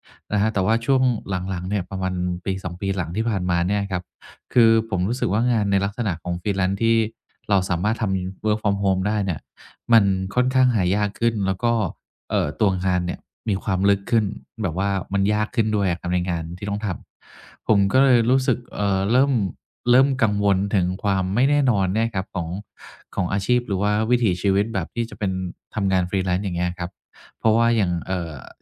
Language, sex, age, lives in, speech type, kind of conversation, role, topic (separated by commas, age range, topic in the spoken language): Thai, male, 50-54, Thailand, conversation, advice, user, คุณมีวิธีจัดการกับความไม่แน่นอนในช่วงเปลี่ยนผ่านอย่างไร?